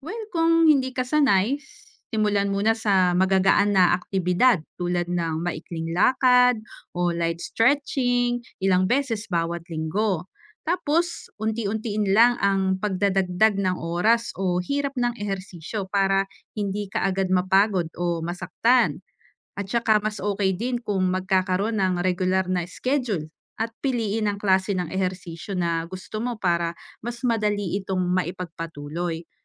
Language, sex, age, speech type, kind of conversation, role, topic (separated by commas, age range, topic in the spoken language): Filipino, female, 35-39, read, expert-assistant, expert, Ano ang mga benepisyo ng regular na pag-eehersisyo sa kalusugan?